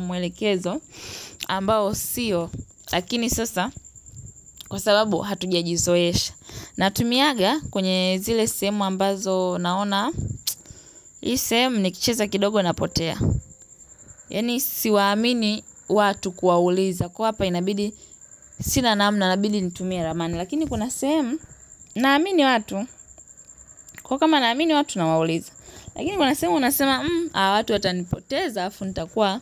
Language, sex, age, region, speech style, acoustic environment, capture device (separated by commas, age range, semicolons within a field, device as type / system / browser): Swahili, female, 18-24, Tanzania, happy, silence, mobile / Linux / Chrome